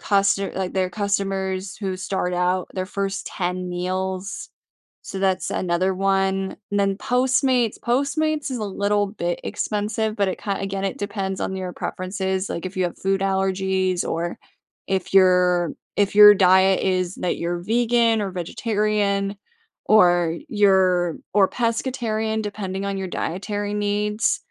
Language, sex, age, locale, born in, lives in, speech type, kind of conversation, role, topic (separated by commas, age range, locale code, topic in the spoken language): English, female, 25-29, en-US, United States, United States, conversation, advice, advisor, How can I get back on track after a setback?